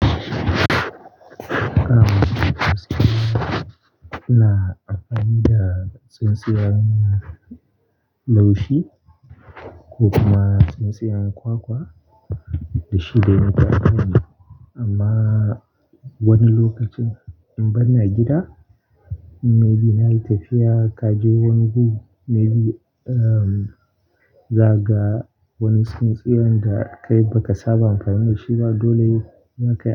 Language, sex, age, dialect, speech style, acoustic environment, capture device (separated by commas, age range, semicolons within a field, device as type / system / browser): Hausa, male, 18-24, Nigeria - Kano, focused; relaxed, nature; music, desktop / Linux / Chrome